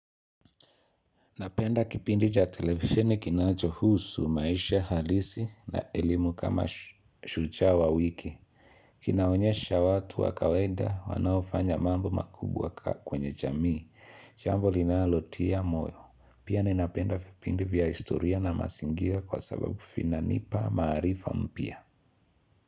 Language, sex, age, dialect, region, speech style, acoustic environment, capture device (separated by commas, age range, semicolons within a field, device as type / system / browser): Swahili, male, 25-34, Kenya - Nairobi Sheng-influenced, Kenya, happy; focused; relaxed, people talking; appliances, mobile / Linux / Chrome